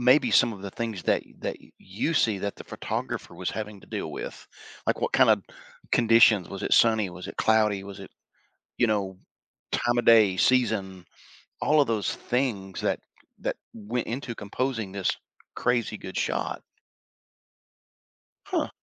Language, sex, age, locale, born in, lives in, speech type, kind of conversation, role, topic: English, male, 55-59, en-US, United States, United States, conversation, advice, advisor, How do I figure out what career fits my strengths and interests?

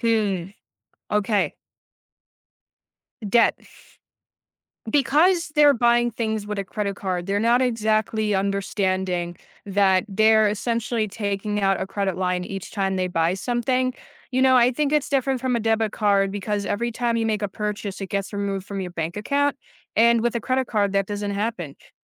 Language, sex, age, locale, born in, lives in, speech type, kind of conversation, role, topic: English, other, 18-19, en-US, United States, United States, conversation, podcast, guest, How can you use credit cards wisely to improve your financial well-being?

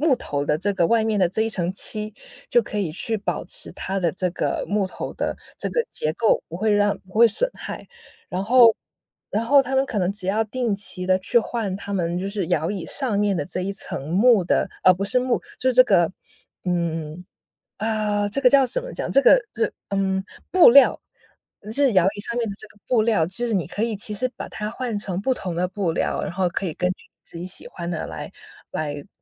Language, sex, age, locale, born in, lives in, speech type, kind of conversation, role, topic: Chinese, female, 30-34, zh-CN, China, United States, conversation, podcast, guest, 你家有什么代代相传的物件吗？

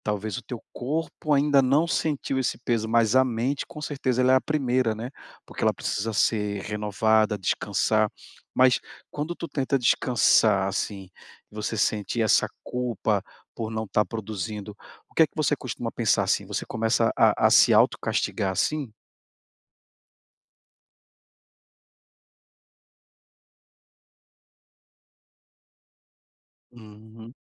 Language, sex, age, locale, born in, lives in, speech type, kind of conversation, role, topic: Portuguese, male, 40-44, pt-BR, Brazil, Portugal, conversation, advice, advisor, Como posso descansar sem sentir que meu valor depende da produtividade?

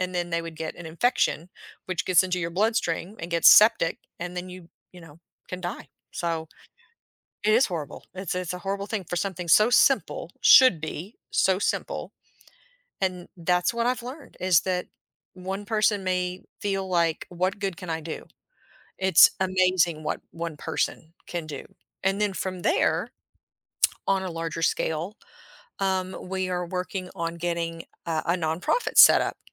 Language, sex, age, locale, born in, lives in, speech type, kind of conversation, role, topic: English, female, 50-54, en-US, United States, United States, conversation, podcast, guest, What experiences have most shaped your outlook on life?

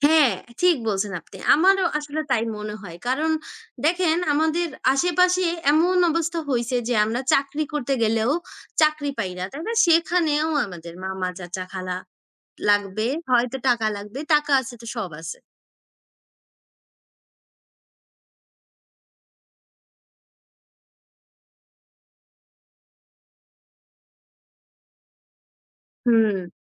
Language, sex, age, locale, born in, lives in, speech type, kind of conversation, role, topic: Bengali, female, 20-24, bn-BD, Bangladesh, Bangladesh, conversation, unstructured, none, আর্থিক দুর্নীতির কারণে কারা সবচেয়ে বেশি ক্ষতিগ্রস্ত হয়?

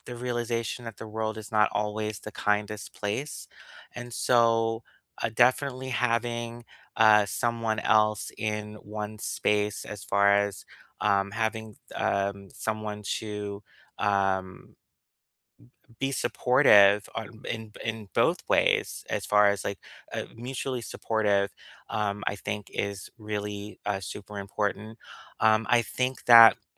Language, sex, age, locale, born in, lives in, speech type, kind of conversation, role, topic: English, male, 35-39, en-US, United States, United States, conversation, unstructured, none, Do people have to choose between career success and a serious romantic relationship?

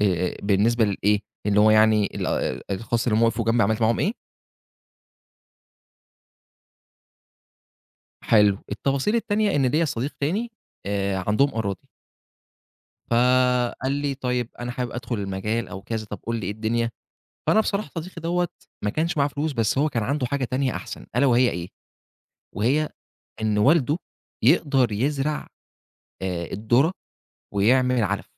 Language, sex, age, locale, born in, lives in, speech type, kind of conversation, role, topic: Arabic, male, 25-29, ar-EG, Egypt, Egypt, conversation, podcast, guest, إيه رأيك في الدعم الاجتماعي لما تبقى متوتر؟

